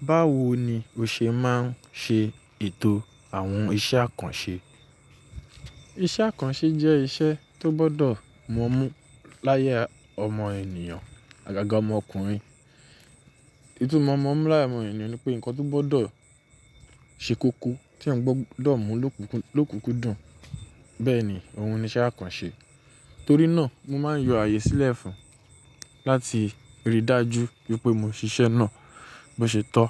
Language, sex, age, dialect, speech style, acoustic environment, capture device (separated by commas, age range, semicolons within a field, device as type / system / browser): Yoruba, male, 25-34, Nigeria - Nigerian Standard, relaxed, silence, mobile / Linux / Chrome